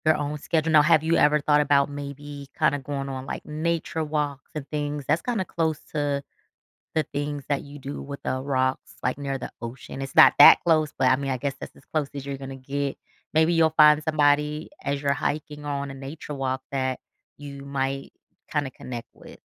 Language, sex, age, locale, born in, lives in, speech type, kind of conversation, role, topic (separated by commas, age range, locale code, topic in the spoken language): English, female, 35-39, en-US, United States, United States, conversation, advice, advisor, How can I feel less lonely when I'm so busy?